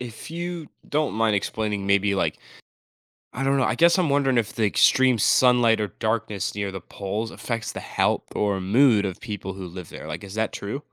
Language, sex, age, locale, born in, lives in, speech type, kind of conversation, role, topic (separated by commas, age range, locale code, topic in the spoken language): English, male, 18-19, en-US, United States, United States, read, expert-assistant, asker, What causes the seasons to change throughout the year?